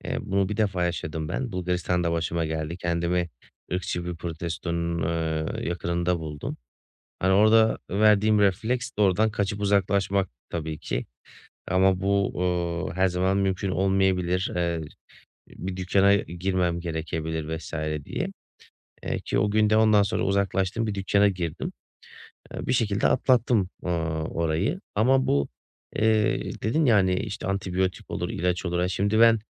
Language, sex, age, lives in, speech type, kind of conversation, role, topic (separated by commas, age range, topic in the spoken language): Turkish, male, 30-34, Bulgaria, conversation, advice, user, Seyahat ederken güvenlik ve sağlık endişelerimi nasıl azaltabilirim?